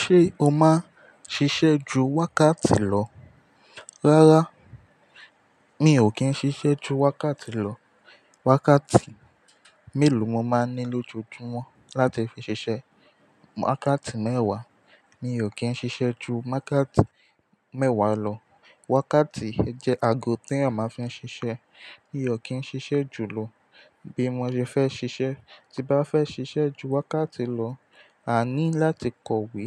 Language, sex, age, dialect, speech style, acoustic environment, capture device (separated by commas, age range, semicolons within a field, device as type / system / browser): Yoruba, male, 18-24, Nigeria - Ijebu, happy, silence, mobile / Linux / Chrome